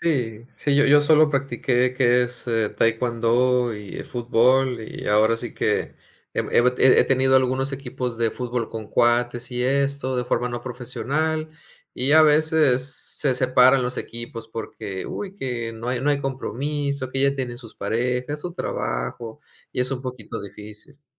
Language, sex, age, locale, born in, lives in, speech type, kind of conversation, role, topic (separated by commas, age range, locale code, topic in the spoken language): Spanish, male, 40-44, es-MX, Mexico, Mexico, conversation, unstructured, none, ¿Cuál es tu recuerdo favorito relacionado con alguna actividad que te gusta hacer?